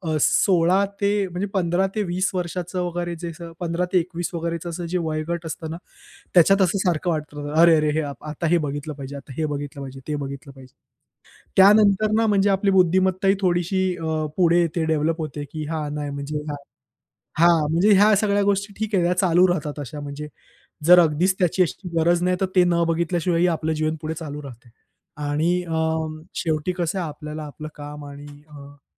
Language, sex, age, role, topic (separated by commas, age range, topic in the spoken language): Marathi, male, 25-29, guest, सोशल मिडियावर वेळ घालवताना तुम्ही कसे संतुलन साधता?